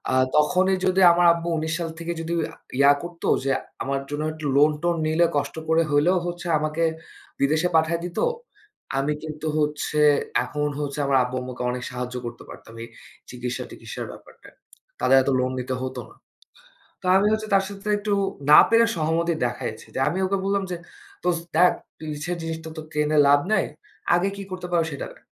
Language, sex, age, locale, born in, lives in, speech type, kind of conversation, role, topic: Bengali, male, 25-29, bn-BD, Bangladesh, Bangladesh, conversation, unstructured, none, পরিবারের ভালোবাসা কি কখনও কখনও কষ্টের কারণ হতে পারে?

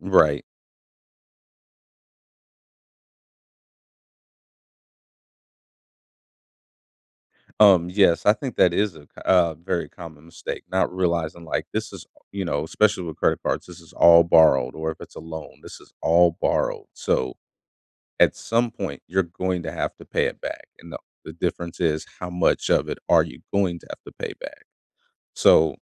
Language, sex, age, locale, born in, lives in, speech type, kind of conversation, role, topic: English, male, 30-34, en-US, United States, United States, conversation, podcast, guest, What helps people create financial goals they can actually achieve?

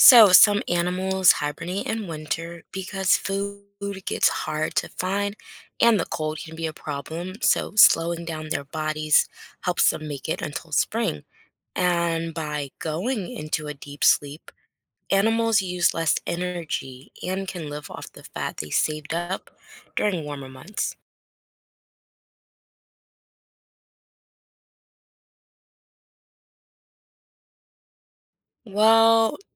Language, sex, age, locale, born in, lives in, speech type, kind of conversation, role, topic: English, female, 25-29, en-US, United States, United States, read, expert-assistant, expert, Why do some animals hibernate during winter?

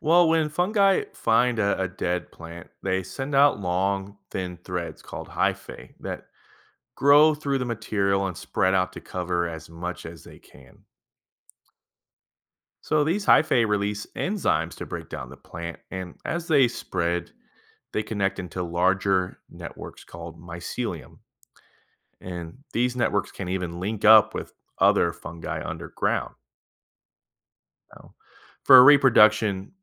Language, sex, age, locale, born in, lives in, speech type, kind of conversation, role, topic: English, male, 30-34, en-US, United States, United States, read, expert-assistant, expert, How do mushrooms and other fungi help break down dead plants?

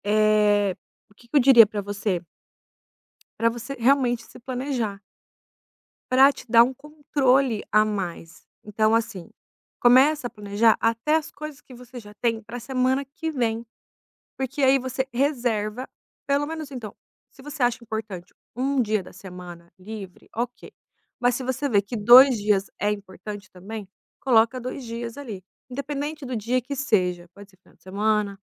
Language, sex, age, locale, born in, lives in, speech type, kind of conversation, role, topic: Portuguese, female, 30-34, pt-BR, Brazil, Italy, conversation, advice, advisor, Como posso equilibrar descanso e produtividade nos fins de semana sem me sentir culpado?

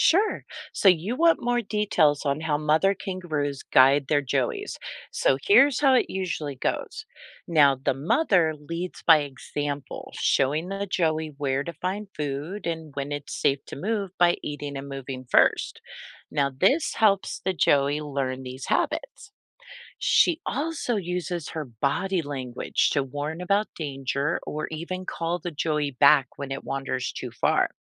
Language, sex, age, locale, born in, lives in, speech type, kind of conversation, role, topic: English, female, 45-49, en-US, United States, United States, read, expert-assistant, expert, How do kangaroos care for their young?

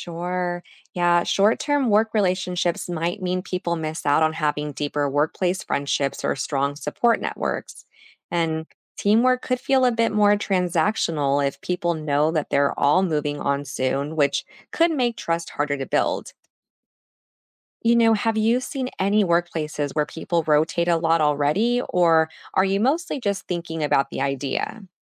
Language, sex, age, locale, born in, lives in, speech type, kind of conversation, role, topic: English, female, 35-39, en-US, United States, United States, read, expert-assistant, expert, What would happen if everyone changed jobs every five years?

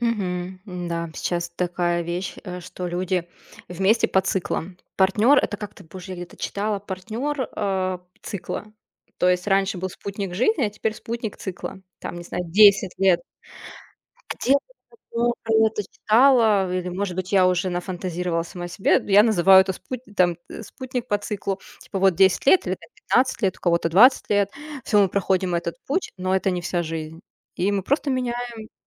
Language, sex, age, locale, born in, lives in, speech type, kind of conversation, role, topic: Russian, female, 30-34, ru-RU, Russia, Germany, conversation, unstructured, none, Как отличить настоящую любовь от привычки?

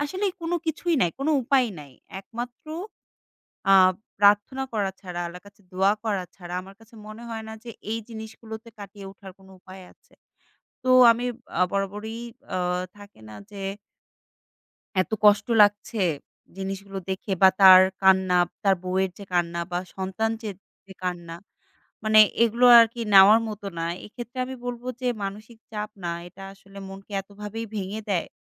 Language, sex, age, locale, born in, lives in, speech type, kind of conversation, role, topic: Bengali, female, 25-29, bn-BD, Bangladesh, Bangladesh, conversation, podcast, guest, মানসিক সুস্থতা বজায় রাখতে তুমি কী করো?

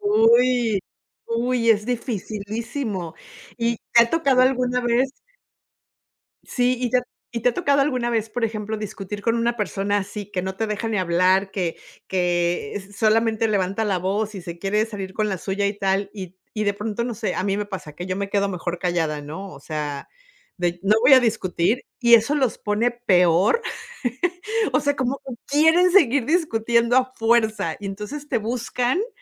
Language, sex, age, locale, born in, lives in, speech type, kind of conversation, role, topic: Spanish, female, 50-54, es-MX, Mexico, United States, conversation, unstructured, none, ¿Qué papel juega la comunicación al momento de resolver problemas?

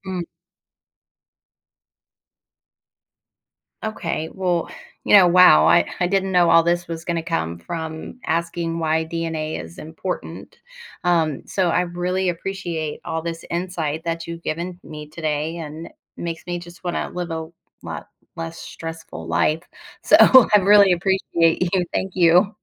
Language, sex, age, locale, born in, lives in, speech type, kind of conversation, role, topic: English, female, 40-44, en-US, United States, United States, read, expert-assistant, asker, What is DNA and why is it important?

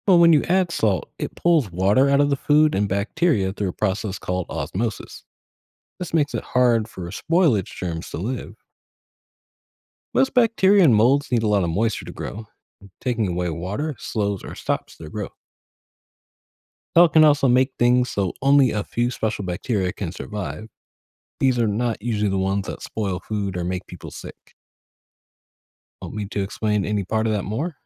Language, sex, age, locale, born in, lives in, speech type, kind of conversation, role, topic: English, male, 25-29, en-US, United States, United States, read, expert-assistant, expert, Why does salt help preserve food?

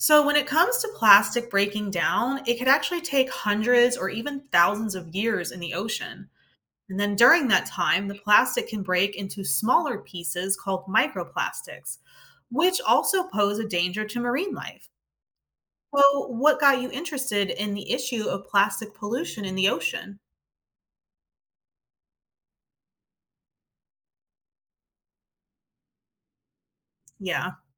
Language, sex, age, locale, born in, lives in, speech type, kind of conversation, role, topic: English, female, 40-44, en-US, United States, United States, read, expert-assistant, expert, What are the effects of plastic pollution on marine life?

